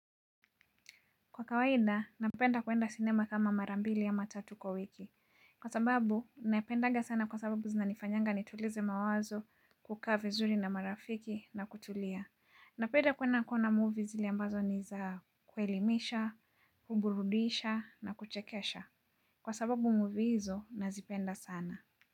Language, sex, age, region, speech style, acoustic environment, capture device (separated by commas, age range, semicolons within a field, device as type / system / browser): Swahili, female, 25-34, Kenya, relaxed; focused, people talking; nature; appliances, mobile / Linux / Chrome